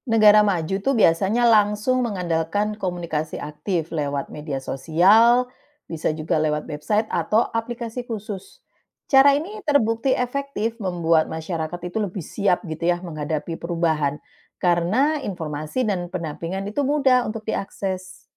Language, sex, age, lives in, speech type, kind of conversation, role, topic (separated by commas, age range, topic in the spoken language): Indonesian, female, 45-49, Netherlands, read, expert-assistant, expert, Mengapa proses pengurusan dokumen di kantor pemerintahan bisa memakan waktu lama?